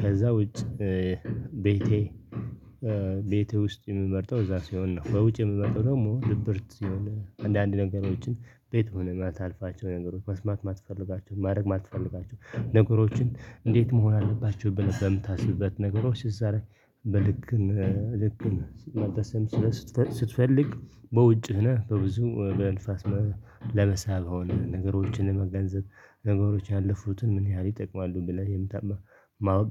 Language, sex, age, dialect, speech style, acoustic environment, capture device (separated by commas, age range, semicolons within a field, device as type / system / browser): Amharic, male, 25-34, Ethiopia - Tigray, relaxed, silence, desktop / Linux / Chrome